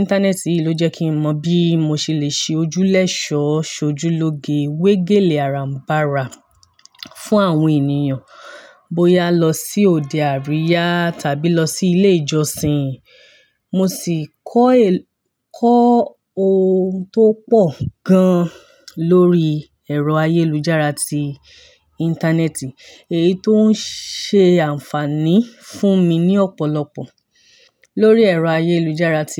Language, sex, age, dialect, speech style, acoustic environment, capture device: Yoruba, female, 25-34, Nigeria - Ibadan, happy; excited; focused; relaxed, nature; silence, mobile / Linux / Chrome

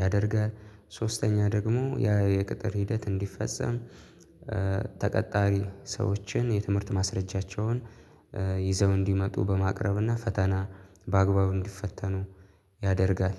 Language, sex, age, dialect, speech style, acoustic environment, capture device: Amharic, male, 18-24, Ethiopia - Addis Ababa, happy, silence, mobile / Linux / Chrome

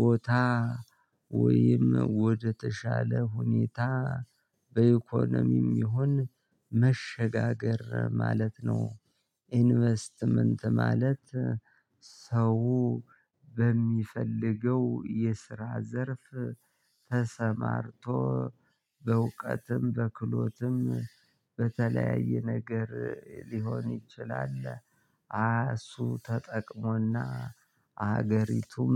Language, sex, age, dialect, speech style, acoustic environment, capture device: Amharic, male, 35-44, Ethiopia - Gondar, excited, silence, mobile / Linux / Chrome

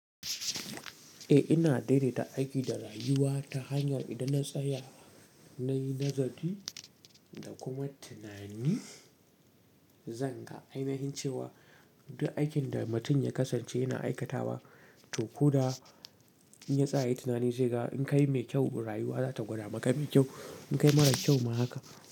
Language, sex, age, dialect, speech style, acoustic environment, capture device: Hausa, male, 18-24, Nigeria - Katsina, relaxed; happy; excited; focused, silence, mobile / Linux / Chrome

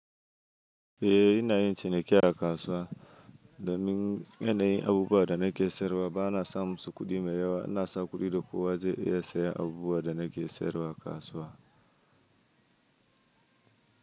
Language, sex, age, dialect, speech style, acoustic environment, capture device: Hausa, male, 25-34, Nigeria - Kano, happy, nature, mobile / Linux / Chrome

